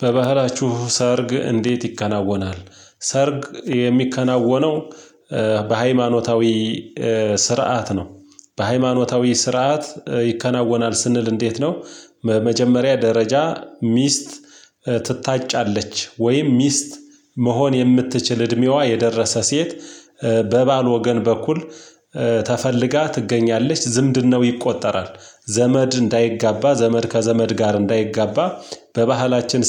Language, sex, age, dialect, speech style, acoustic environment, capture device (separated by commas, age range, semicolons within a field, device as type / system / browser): Amharic, male, 35-44, Ethiopia - Gojjam, happy; excited, silence, mobile / Linux / Chrome